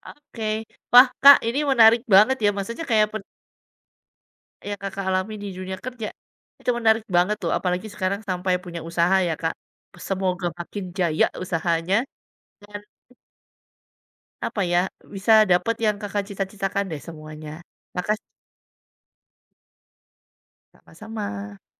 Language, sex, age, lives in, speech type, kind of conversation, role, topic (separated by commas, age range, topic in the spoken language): Indonesian, female, 40-44, Indonesia, conversation, podcast, host, Bagaimana kamu menemukan passion dalam pekerjaanmu?